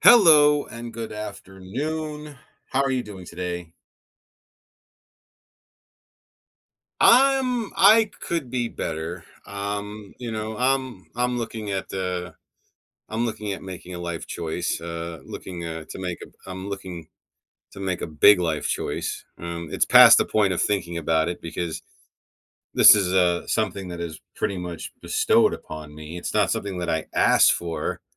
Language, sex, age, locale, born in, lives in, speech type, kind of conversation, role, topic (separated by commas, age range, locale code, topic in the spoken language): English, male, 45-49, en-US, United States, United States, conversation, advice, user, How can I decide whether a major life change would help me find more meaning and happiness?